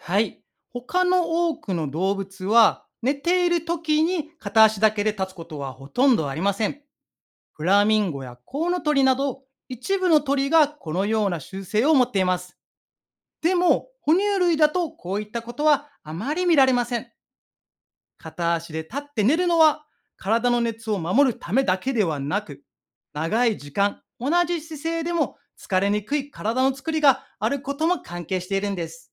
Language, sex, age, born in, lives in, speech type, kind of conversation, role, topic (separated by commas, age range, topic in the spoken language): Japanese, male, 35-39, Japan, Japan, read, expert-assistant, expert, なぜフラミンゴは片足で立つのか